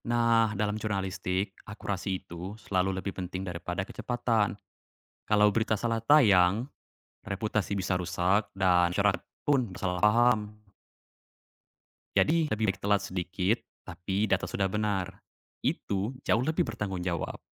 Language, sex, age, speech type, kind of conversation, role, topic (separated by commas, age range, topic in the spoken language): Indonesian, male, 20-24, read, expert-assistant, expert, Kalau harus memilih, mana yang lebih penting di berita: cepat memberitakan atau memastikan informasinya benar-benar akurat?